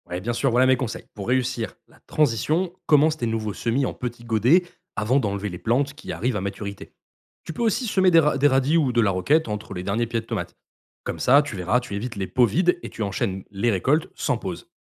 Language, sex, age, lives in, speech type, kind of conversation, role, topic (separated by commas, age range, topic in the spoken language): French, male, 25-29, France, read, expert-assistant, expert, Si on veut avoir un beau potager toute l’année, comment s’organiser pour choisir et planter les bonnes cultures au bon moment ?